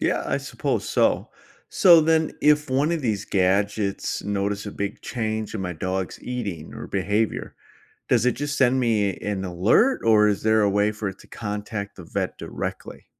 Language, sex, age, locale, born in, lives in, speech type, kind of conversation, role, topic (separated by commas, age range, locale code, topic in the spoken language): English, male, 40-44, en-US, United States, United States, read, expert-assistant, asker, How can technology improve at-home pet health monitoring?